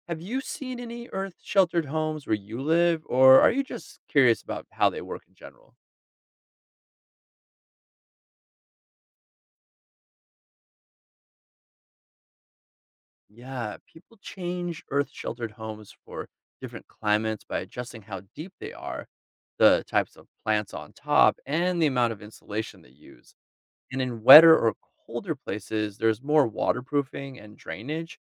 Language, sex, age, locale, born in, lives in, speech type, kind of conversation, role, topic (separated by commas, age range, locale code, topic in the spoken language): English, male, 40-44, en-US, United States, United States, read, expert-assistant, expert, How do people design homes suited to their environment?